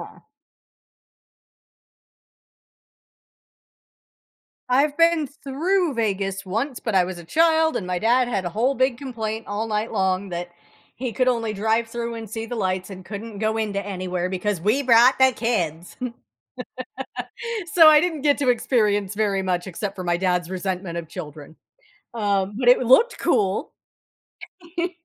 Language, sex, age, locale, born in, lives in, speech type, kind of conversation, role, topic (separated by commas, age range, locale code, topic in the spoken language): English, female, 35-39, en-US, United States, United States, conversation, podcast, host, How have unexpected discoveries while traveling changed the way you see the world?